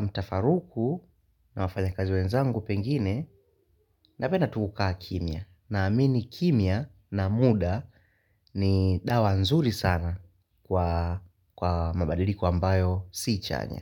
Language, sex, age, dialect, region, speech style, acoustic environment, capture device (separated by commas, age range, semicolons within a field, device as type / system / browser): Swahili, male, 18-24, Tanzania - Dar es Salaam, Tanzania, focused, nature, mobile / Linux / Chrome